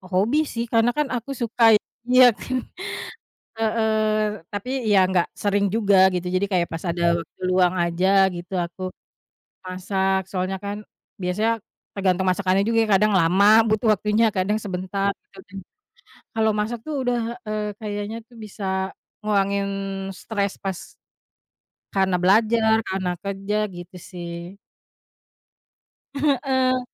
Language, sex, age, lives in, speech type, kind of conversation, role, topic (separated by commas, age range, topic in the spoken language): Indonesian, female, 30-34, Indonesia, conversation, unstructured, none, Bagaimana hobi dapat membantu mengurangi stres?